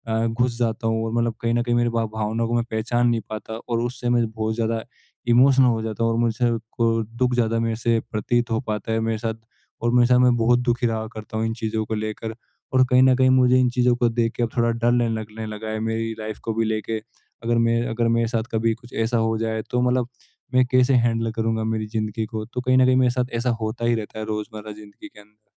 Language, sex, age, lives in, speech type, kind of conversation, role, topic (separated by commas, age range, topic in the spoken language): Hindi, male, 25-29, India, conversation, advice, user, मैं अपने दैनिक जीवन में अपनी भावनाओं को कैसे पहचानकर उनका नाम दे सकता/सकती हूँ?